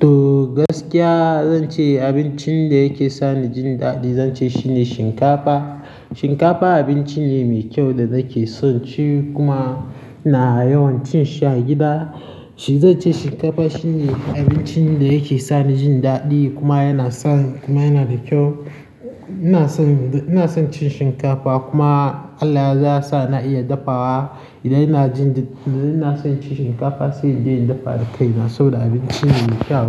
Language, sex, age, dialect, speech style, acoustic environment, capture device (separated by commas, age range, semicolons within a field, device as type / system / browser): Hausa, male, 18-24, Nigeria - Nigerian Standard, happy; nervous; excited, people talking; traffic; construction, mobile / Linux / Chrome